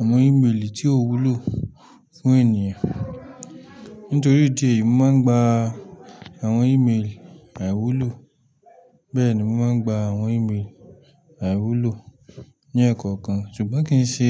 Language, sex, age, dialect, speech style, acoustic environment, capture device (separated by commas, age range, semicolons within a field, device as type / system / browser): Yoruba, male, 25-34, Nigeria - Lagos Yoruba, relaxed, nature; silence, mobile / Linux / Chrome